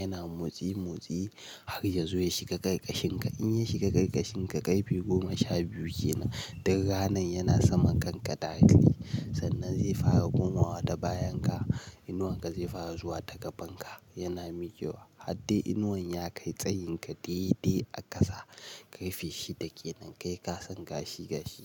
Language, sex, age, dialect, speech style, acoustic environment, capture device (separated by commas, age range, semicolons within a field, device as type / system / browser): Hausa, male, 18-24, Nigeria - Nigerian Standard, happy; tired; focused; excited, nature, mobile / Linux / Chrome